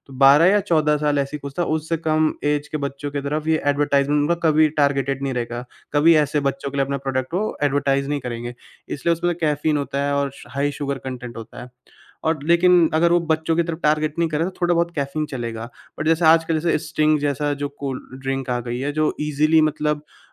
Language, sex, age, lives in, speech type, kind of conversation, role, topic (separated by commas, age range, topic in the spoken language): Hindi, male, 20-24, India, conversation, podcast, guest, Caffeine ko manage karna tumhare liye kaisa hota hai?